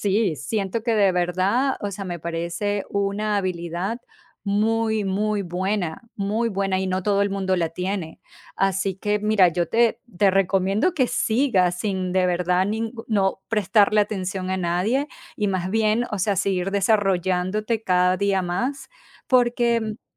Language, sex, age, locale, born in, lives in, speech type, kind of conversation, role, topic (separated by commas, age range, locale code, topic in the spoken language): Spanish, female, 45-49, es-VE, Venezuela, United States, conversation, unstructured, none, ¿Crees que hay pasatiempos que deberían ser menospreciados o juzgados?